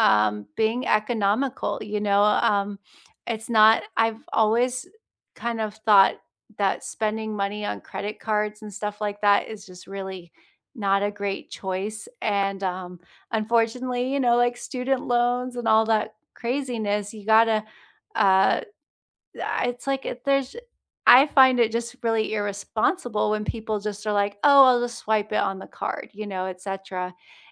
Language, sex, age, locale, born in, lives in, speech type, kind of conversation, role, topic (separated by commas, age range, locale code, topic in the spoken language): English, female, 35-39, en-US, United States, United States, conversation, unstructured, none, What is the best way to save money while traveling?